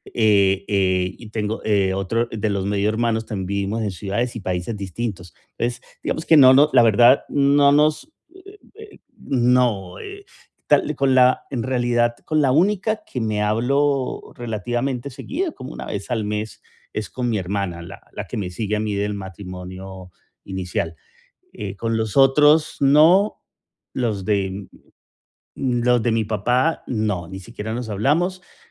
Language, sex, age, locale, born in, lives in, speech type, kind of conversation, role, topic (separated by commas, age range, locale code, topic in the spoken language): Spanish, male, 45-49, es-CO, Colombia, United States, conversation, advice, user, ¿Cómo puedo mejorar la comunicación con mis hermanos adultos?